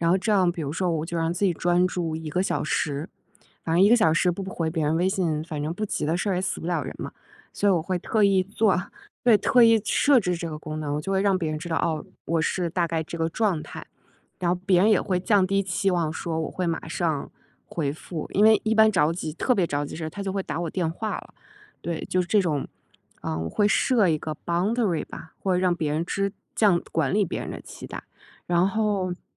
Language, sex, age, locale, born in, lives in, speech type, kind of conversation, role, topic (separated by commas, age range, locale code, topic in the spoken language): Chinese, female, 35-39, zh-CN, China, United States, conversation, podcast, guest, 你如何看待“必须马上回复”的社交压力？